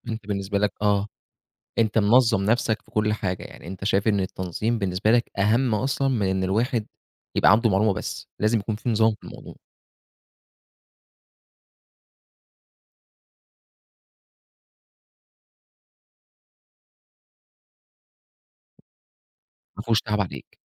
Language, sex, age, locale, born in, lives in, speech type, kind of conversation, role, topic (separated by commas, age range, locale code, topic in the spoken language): Arabic, male, 25-29, ar-EG, Egypt, Egypt, conversation, podcast, host, إزاي نقدر نقلّل البلاستيك اللي بنستخدمه؟